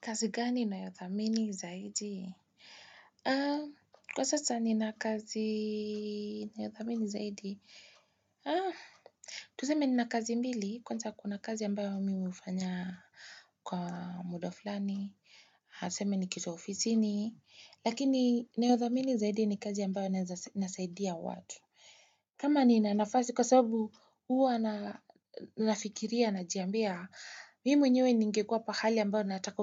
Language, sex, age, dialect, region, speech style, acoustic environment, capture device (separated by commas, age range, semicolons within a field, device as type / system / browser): Swahili, female, 25-34, Kenya - Nairobi Sheng-influenced, Kenya, excited, people talking; nature, desktop / Windows / Chrome